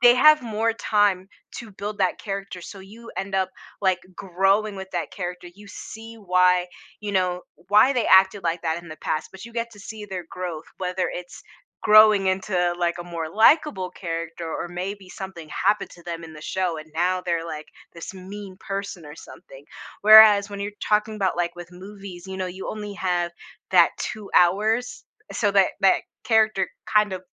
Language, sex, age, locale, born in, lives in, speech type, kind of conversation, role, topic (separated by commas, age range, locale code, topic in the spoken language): English, female, 25-29, en-US, United States, United States, conversation, podcast, guest, What draws people in and keeps them watching episode after episode of a TV show?